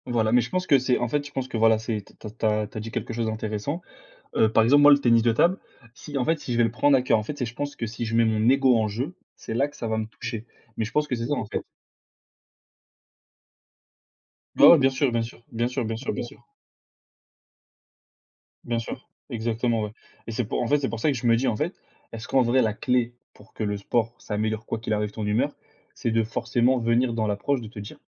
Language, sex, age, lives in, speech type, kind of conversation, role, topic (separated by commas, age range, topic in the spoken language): French, male, 20-24, France, conversation, unstructured, none, Comment le sport peut-il améliorer notre humeur, selon toi ?